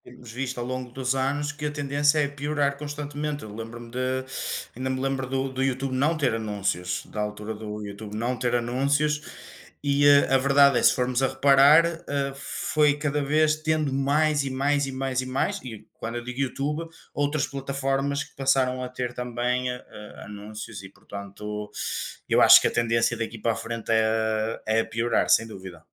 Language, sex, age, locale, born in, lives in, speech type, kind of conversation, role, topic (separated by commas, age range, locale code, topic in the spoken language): Portuguese, male, 25-29, pt-PT, Portugal, Portugal, conversation, unstructured, none, Você já teve medo de perder a liberdade de escolher o que quer assistir?